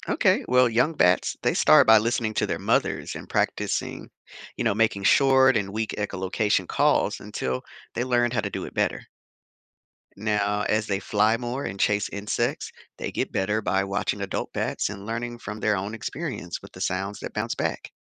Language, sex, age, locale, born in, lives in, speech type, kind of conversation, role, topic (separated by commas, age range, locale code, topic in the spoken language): English, male, 35-39, en-US, United States, United States, read, expert-assistant, expert, How do bats use echolocation and protect their young while hunting at night?